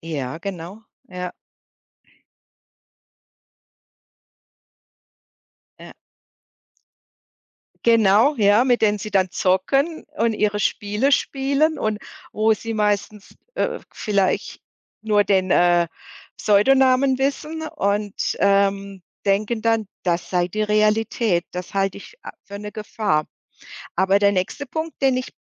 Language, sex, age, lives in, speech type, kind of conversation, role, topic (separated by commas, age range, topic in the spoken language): German, female, 55-59, Germany, conversation, podcast, host, Wann ist Alleinsein gesund, und ab wann wird es gefährlich?